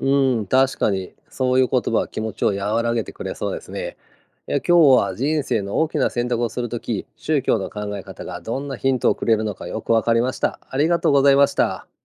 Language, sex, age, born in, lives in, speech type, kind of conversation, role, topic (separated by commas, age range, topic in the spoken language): Japanese, male, 50-54, Japan, Japan, read, expert-assistant, asker, 人生の大きな選択をするとき、宗教の考え方はどんなヒントを与えてくれますか？